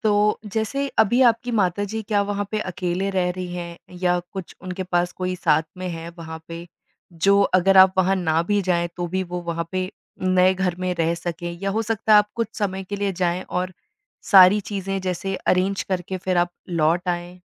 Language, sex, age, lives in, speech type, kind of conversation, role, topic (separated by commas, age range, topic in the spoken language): Hindi, female, 25-29, India, conversation, advice, advisor, आपको नगर या देश बदलकर जाने और नया जीवन शुरू करने को लेकर किस बात का सबसे ज़्यादा डर है?